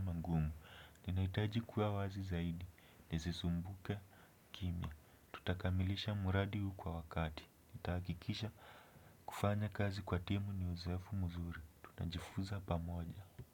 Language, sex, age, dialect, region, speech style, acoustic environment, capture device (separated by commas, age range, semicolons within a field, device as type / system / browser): Swahili, male, 18-24, Kenya - Nairobi Sheng-influenced, Kenya, relaxed, silence, desktop / Windows / Chrome